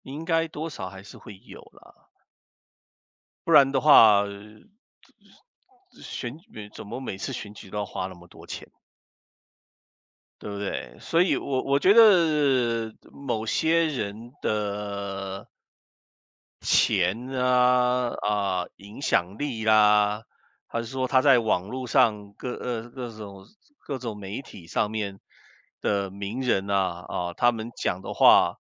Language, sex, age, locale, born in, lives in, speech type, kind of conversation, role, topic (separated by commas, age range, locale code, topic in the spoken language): Chinese, male, 55-59, zh-CN, Taiwan, United States, conversation, unstructured, none, 你怎么看待选举的公平性？